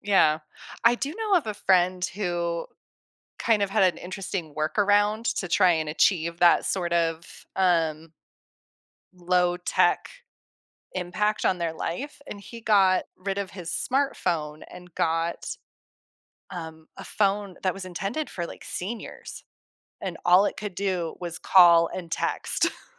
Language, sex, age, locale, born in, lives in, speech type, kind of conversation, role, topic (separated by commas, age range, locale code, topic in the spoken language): English, female, 35-39, en-US, United States, United States, conversation, podcast, guest, What are some effective ways to create a healthy balance between digital devices and everyday life?